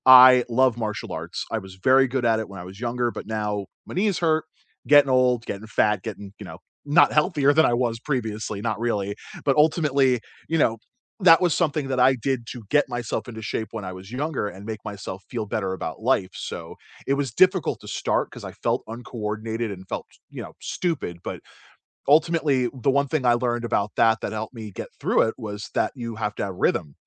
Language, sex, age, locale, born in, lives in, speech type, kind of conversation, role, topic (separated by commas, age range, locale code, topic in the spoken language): English, male, 35-39, en-US, United States, United States, conversation, unstructured, none, How do you stay motivated when learning something new?